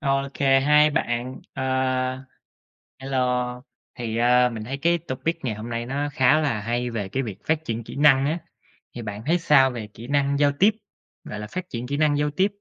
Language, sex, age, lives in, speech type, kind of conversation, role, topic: Vietnamese, male, 25-29, United States, conversation, unstructured, none, Bạn tiếp cận việc phát triển kỹ năng giao tiếp như thế nào?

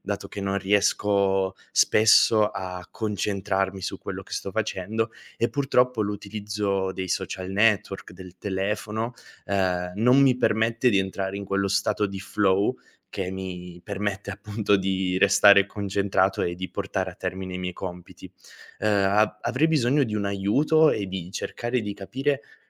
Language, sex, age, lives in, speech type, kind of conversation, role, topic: Italian, male, 25-29, Italy, conversation, advice, user, Come posso gestire le distrazioni dai social network e dalle e-mail per concentrarmi davvero sul lavoro?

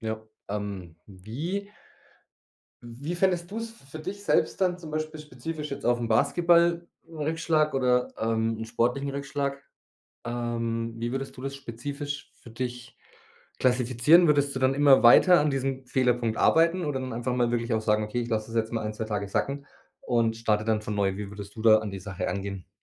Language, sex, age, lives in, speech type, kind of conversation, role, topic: German, male, 30-34, Germany, conversation, podcast, host, Wie motivierst du dich nach einem Rückschlag wieder?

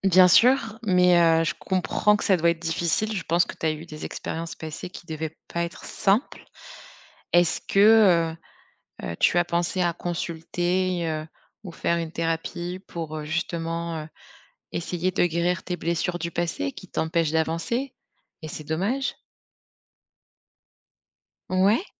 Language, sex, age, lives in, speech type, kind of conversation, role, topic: French, female, 30-34, France, conversation, advice, advisor, Hésites-tu à commencer à sortir avec quelqu’un de nouveau ?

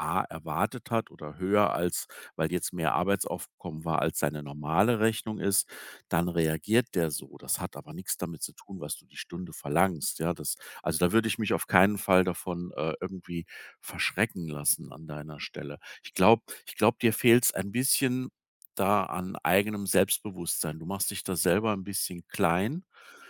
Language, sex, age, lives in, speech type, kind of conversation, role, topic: German, male, 55-59, Germany, conversation, advice, advisor, Warum sehe ich trotz harter Arbeit keinen Fortschritt?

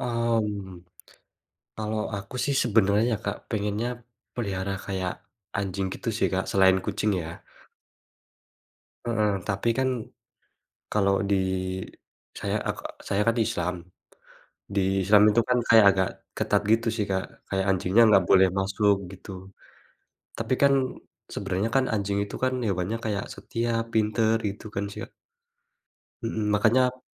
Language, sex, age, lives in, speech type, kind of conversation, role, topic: Indonesian, male, 45-49, Indonesia, conversation, unstructured, none, Apa pengalaman paling berkesan yang pernah kamu alami bersama hewan peliharaan?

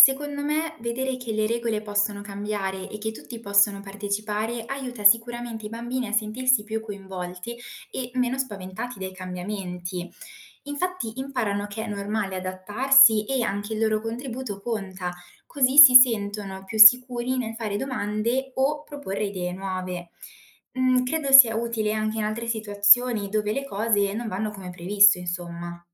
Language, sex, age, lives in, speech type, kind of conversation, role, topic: Italian, female, 20-24, Italy, read, expert-assistant, asker, Perché Plutone non è più considerato un pianeta vero? Ci sono altri casi simili che rendono difficile capire chi entra o esce dalla lista dei pianeti?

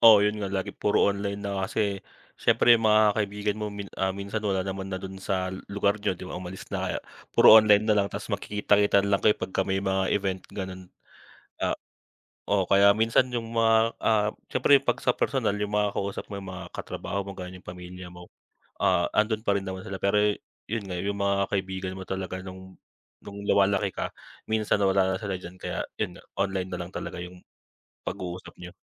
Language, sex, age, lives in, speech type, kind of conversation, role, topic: Filipino, male, 25-29, Philippines, conversation, unstructured, none, Paano mo pinahahalagahan ang iyong kalusugang pangkaisipan araw-araw?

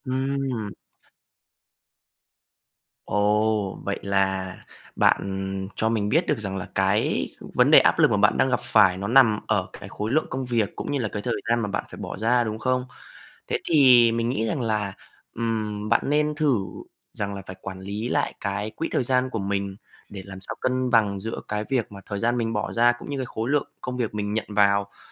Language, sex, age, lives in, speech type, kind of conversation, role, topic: Vietnamese, male, 20-24, Vietnam, conversation, advice, advisor, Tôi nên nghỉ việc hay cố gắng tiếp tục khi đang kiệt sức?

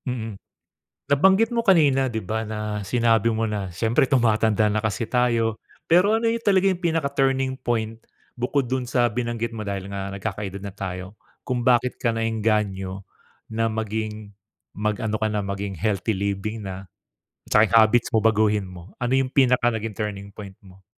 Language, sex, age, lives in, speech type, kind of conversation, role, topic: Filipino, male, 45-49, Philippines, conversation, podcast, host, Paano mo sinisimulan ang umaga para maging mas malusog ang araw mo?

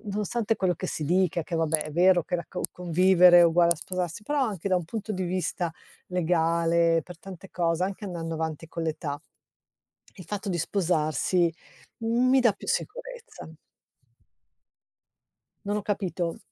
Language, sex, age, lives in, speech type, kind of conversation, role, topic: Italian, female, 55-59, Italy, conversation, advice, user, Perché state pensando di sposarvi ora, dopo una lunga convivenza?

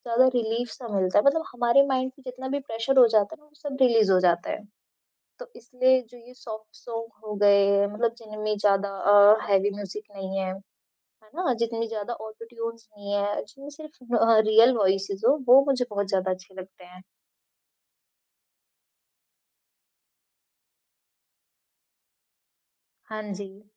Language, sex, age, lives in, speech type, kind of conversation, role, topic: Hindi, female, 20-24, India, conversation, podcast, guest, Bachpan ka kaunsa gaana aaj bhi tumhe yaad hai, aur kyun?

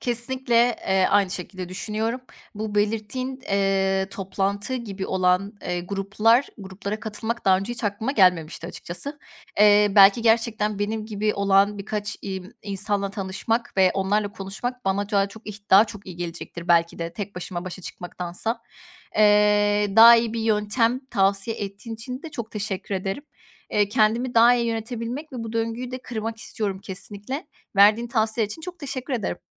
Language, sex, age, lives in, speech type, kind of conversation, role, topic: Turkish, female, 25-29, Poland, conversation, advice, user, Sağlıksız bir başa çıkma yöntemi olarak aşırı yeme veya alkol kullanımı yaşadığınızı düşünüyor musunuz?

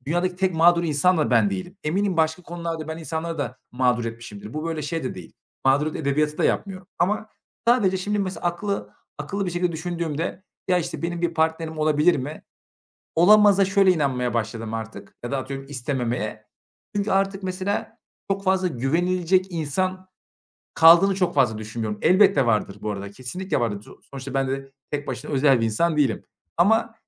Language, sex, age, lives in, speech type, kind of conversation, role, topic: Turkish, male, 25-29, Bulgaria, conversation, advice, user, Partnerime karşı güvenimi kaybettim ve sadakatsizlikten şüpheleniyorum; ne hissediyorum ve bu durumu nasıl anlatabilirim?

